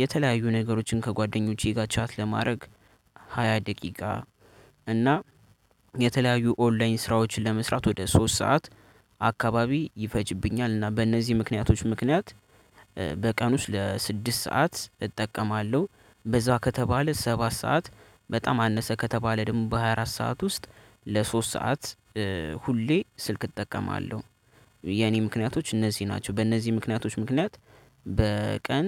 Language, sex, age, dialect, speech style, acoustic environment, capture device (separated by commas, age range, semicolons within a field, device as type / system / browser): Amharic, male, 18-24, Ethiopia - Addis Ababa, happy, nature, desktop / Linux / Chrome